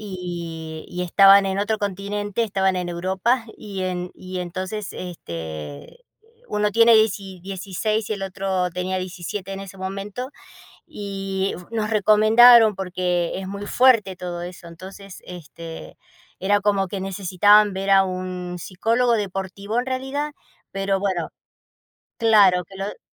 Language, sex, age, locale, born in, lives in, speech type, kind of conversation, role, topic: Spanish, female, 55-59, es-AR, Argentina, United States, conversation, unstructured, none, ¿Alguna vez has sentido que te juzgan por pedir ayuda emocional?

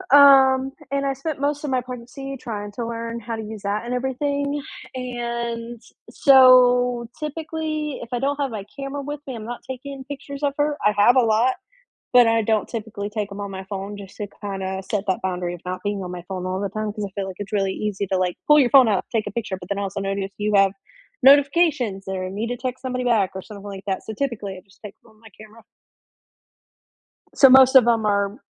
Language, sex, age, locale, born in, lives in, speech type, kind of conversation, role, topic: English, female, 20-24, en-US, United States, United States, conversation, unstructured, none, Which photo on your phone has a good story behind it?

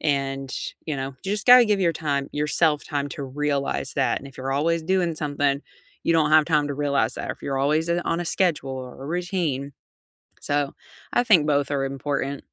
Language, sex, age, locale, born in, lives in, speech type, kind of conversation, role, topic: English, female, 35-39, en-US, United States, United States, conversation, unstructured, none, What weekend rituals help you recharge?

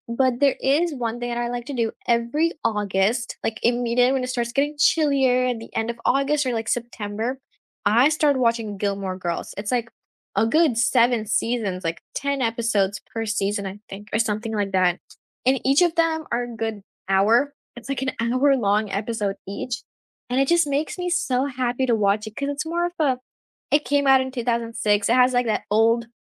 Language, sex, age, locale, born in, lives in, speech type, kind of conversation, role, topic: English, female, 18-19, en-US, India, United States, conversation, unstructured, none, Which movie scenes do you rewatch for comfort or inspiration, and what makes them special to you?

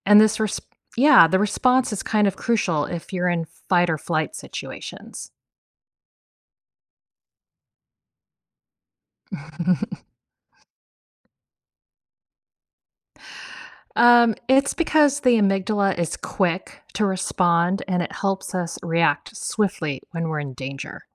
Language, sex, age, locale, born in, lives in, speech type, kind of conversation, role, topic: English, female, 55-59, en-US, United States, United States, read, expert-assistant, expert, How does the brain process emotions?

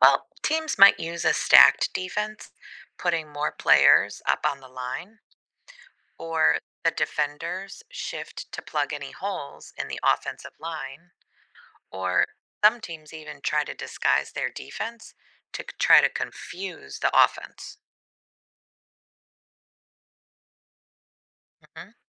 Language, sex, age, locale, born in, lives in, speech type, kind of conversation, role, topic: English, female, 40-44, en-US, United States, United States, read, expert-assistant, expert, Explain how a goal-line stand works in American football.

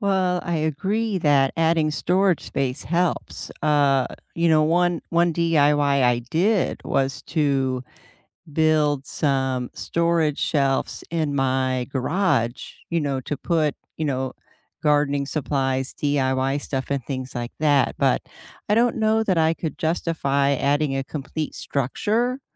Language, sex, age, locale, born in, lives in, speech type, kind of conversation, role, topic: English, female, 55-59, en-US, United States, United States, conversation, unstructured, none, Does owning fewer possessions reduce stress or limit convenience?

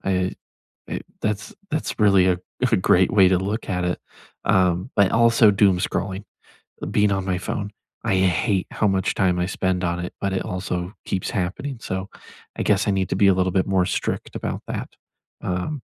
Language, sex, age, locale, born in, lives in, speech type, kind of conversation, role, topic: English, male, 20-24, en-US, United States, United States, conversation, unstructured, none, If you could start fresh tomorrow, how would you set up your life to support your long-term priorities?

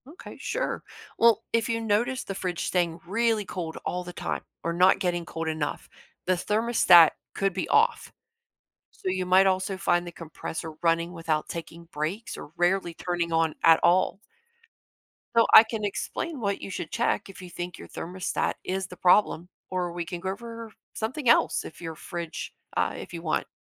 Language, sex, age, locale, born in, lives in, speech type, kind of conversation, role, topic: English, female, 45-49, en-US, United States, United States, read, expert-assistant, expert, How does a refrigerator keep food cold?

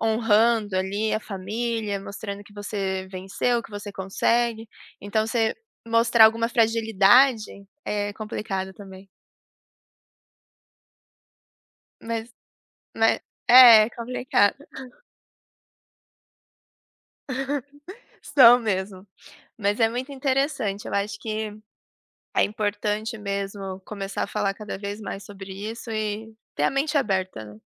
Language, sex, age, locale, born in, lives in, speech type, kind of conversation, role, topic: Portuguese, female, 25-29, pt-PT, Brazil, Germany, conversation, unstructured, none, É possível convencer alguém a mudar de opinião sobre saúde mental?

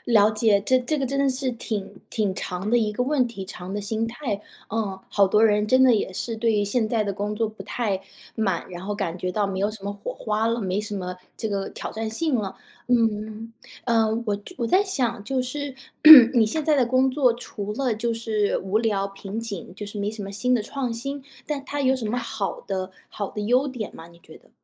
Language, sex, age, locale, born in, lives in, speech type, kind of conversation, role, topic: Chinese, female, 30-34, zh-CN, China, United States, conversation, advice, advisor, 我想为了追求更真实的自我而换工作，但又担心风险，该怎么办？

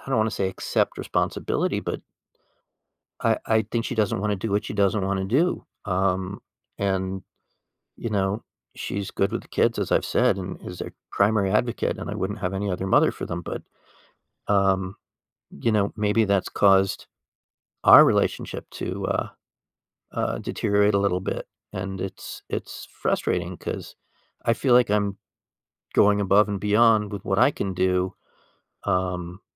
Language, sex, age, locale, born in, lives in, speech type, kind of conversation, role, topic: English, male, 55-59, en-US, United States, United States, conversation, advice, user, How can I better balance my work hours with family time?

